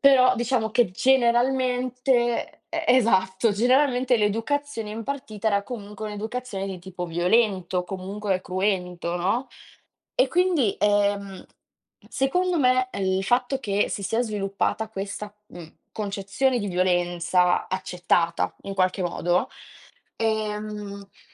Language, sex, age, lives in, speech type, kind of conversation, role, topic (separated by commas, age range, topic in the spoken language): Italian, female, 20-24, Italy, conversation, unstructured, none, Hai mai avuto l’impressione che la società ignori la salute mentale?